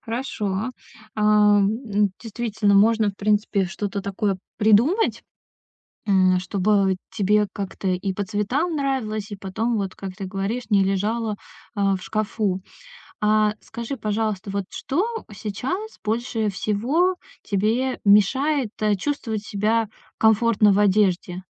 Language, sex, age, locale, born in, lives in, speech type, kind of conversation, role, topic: Russian, female, 30-34, ru-RU, Russia, Estonia, conversation, advice, advisor, Как мне подобрать одежду, чтобы она подходила моему стилю и случаю?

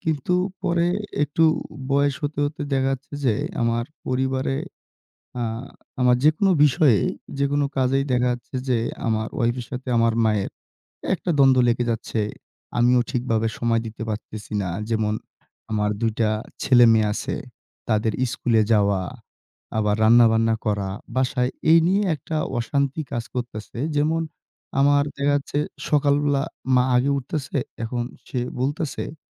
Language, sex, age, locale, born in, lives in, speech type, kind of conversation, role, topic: Bengali, male, 20-24, bn-BD, Bangladesh, Bangladesh, conversation, advice, user, আপনি কেন পরিবারের জন্য মানসম্মত সময় বের করতে পারছেন না?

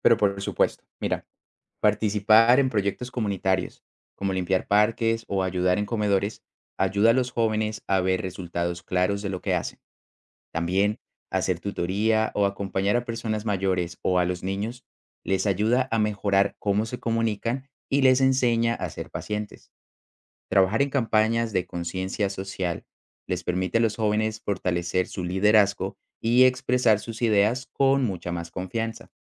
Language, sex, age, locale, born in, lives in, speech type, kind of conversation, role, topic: Spanish, male, 25-29, es-CO, Colombia, Netherlands, read, expert-assistant, expert, ¿Cómo ayuda el voluntariado a fortalecer valores en la juventud?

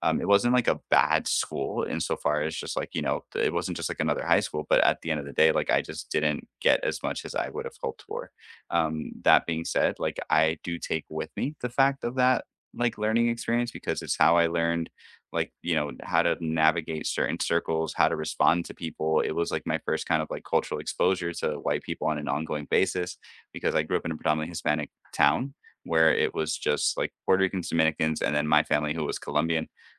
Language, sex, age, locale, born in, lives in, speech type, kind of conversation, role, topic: English, male, 30-34, en-US, United States, United States, conversation, unstructured, none, What school or learning project are you most proud of, and why did it matter to you?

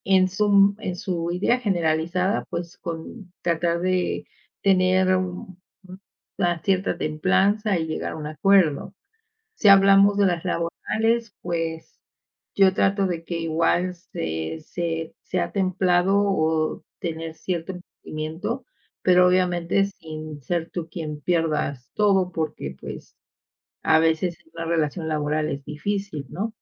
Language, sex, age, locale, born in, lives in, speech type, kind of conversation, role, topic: Spanish, female, 50-54, es-MX, Mexico, Mexico, conversation, unstructured, none, ¿Cómo manejas las diferencias en una relación?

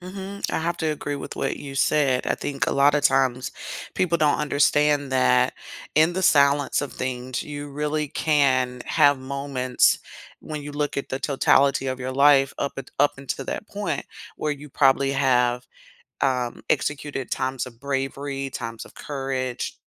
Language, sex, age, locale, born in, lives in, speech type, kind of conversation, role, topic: English, female, 45-49, en-US, United States, United States, conversation, podcast, guest, What experiences or influences help people understand themselves better?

